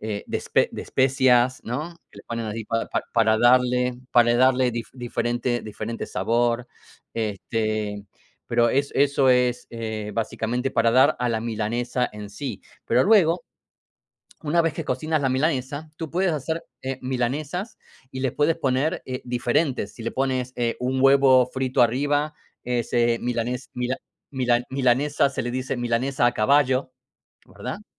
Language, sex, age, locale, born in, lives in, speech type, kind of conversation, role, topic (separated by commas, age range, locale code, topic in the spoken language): Spanish, male, 45-49, es-AR, Argentina, United States, conversation, unstructured, none, ¿Qué plato tradicional de tu país te gusta más?